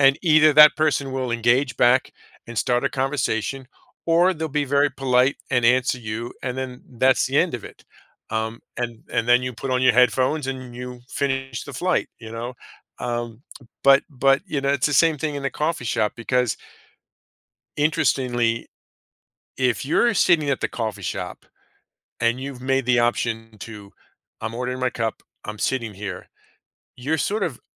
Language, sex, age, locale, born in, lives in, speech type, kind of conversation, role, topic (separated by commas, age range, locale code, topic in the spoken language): English, male, 50-54, en-US, United States, United States, conversation, advice, advisor, How do I make friends and feel less lonely after moving to a new city?